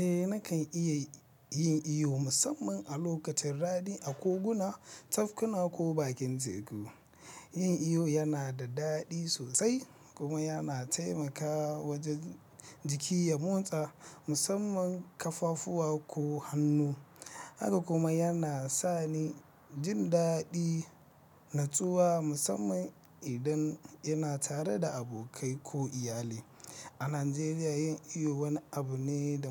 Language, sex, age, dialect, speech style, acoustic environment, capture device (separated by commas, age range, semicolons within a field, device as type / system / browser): Hausa, male, 25-34, Nigeria - Nigerian Standard, happy, traffic, mobile / Linux / Chrome